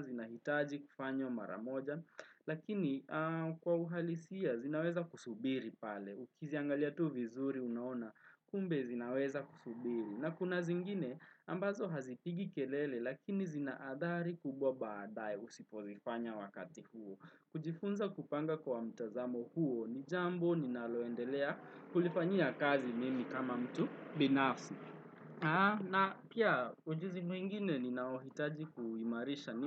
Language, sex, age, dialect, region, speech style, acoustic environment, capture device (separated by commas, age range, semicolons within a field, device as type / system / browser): Swahili, male, 18-24, Kenya - Nairobi Sheng-influenced, Kenya, relaxed, traffic, desktop / Windows / Chrome